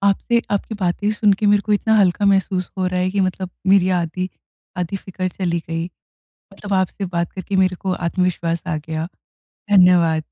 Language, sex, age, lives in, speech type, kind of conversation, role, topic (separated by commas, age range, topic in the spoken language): Hindi, female, 20-24, India, conversation, advice, user, ब्रेकअप के बाद मैं अपना आत्मविश्वास फिर से कैसे हासिल कर सकती/सकता हूँ?